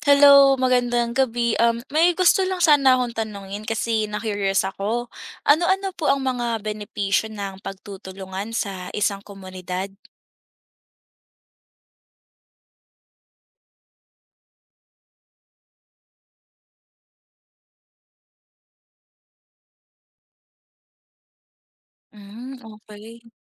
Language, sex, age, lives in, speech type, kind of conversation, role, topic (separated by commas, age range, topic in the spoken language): Filipino, female, 20-24, Philippines, read, expert-assistant, asker, Ano ang mga benepisyo ng pagtutulungan sa komunidad?